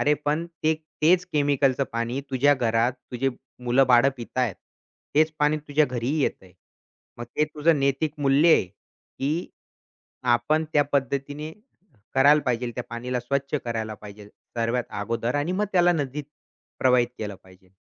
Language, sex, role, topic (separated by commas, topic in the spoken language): Marathi, male, guest, नदी स्वच्छ ठेवण्यासाठी एक सामान्य नागरिक काय करू शकतो?